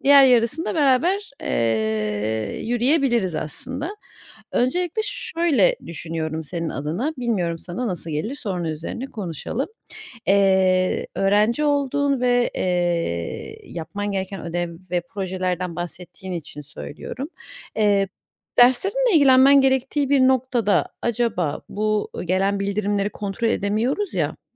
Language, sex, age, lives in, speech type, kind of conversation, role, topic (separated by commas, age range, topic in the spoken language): Turkish, female, 30-34, Germany, conversation, advice, advisor, Sık kesintiler yüzünden odaklanmakta neden zorlanıyorum?